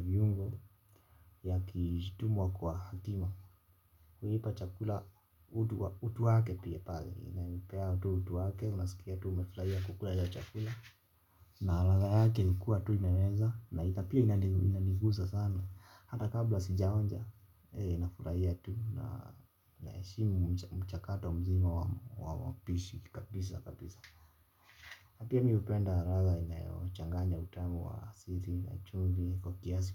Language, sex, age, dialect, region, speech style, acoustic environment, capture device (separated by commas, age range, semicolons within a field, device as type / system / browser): Swahili, male, 25-34, Kenya - Nairobi Sheng-influenced, Kenya, relaxed, silence, mobile / Linux / Chrome